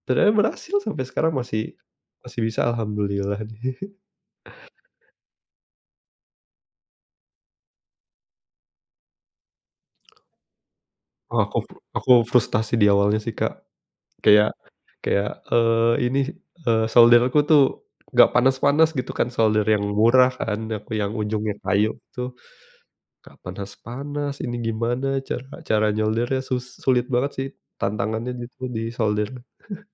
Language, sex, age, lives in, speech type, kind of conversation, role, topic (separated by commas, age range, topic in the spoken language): Indonesian, male, 30-34, Indonesia, conversation, unstructured, none, Apa yang benar-benar membuat kamu frustrasi saat belajar hobi baru?